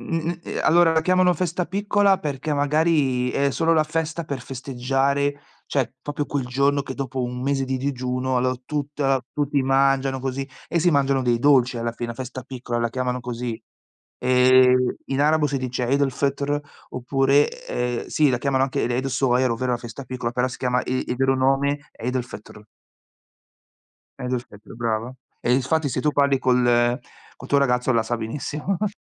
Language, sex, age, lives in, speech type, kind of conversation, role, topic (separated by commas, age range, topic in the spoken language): Italian, male, 40-44, Italy, conversation, unstructured, none, Come festeggi le tradizioni della tua famiglia?